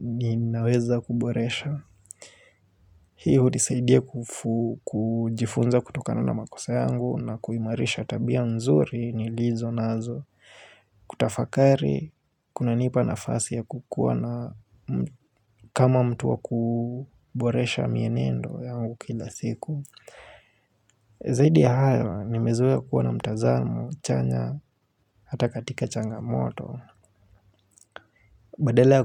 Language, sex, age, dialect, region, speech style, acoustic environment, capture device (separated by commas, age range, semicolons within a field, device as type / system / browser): Swahili, male, 25-34, Kenya - Nairobi Sheng-influenced, Kenya, relaxed, silence, mobile / Linux / Chrome